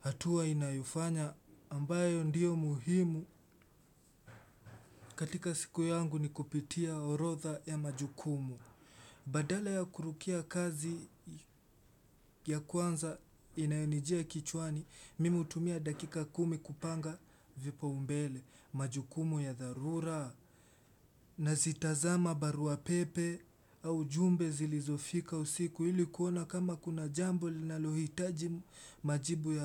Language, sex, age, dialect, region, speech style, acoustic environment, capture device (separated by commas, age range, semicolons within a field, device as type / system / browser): Swahili, male, 18-24, Kenya - Mombasa, Kenya, relaxed; focused, silence; appliances, desktop / Windows / Chrome